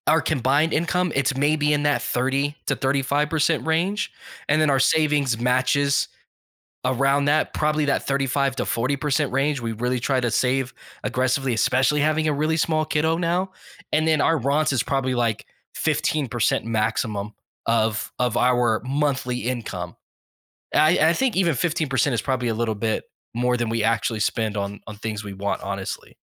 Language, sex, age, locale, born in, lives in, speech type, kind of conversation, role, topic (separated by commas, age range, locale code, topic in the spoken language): English, male, 35-39, en-US, United States, United States, conversation, podcast, guest, What practical steps can help you create and stick to a realistic budget?